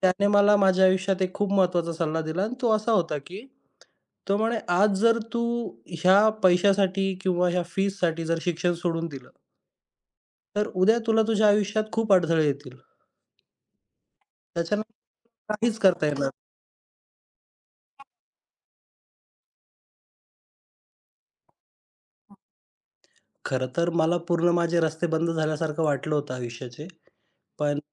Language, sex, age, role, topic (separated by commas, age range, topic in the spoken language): Marathi, male, 25-29, guest, तुमच्या आयुष्याला पूर्णपणे कलाटणी देणारा तो कोणता क्षण होता?